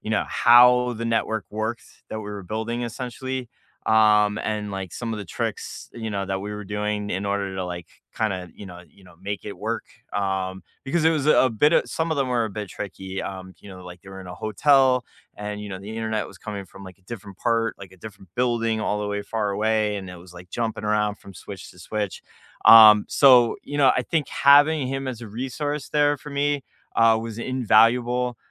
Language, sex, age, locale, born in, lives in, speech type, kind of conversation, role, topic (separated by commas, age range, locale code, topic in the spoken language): English, male, 40-44, en-US, United States, United States, conversation, unstructured, none, Who is a memorable mentor or coworker who shaped how you work, and how do their lessons show up in your work today?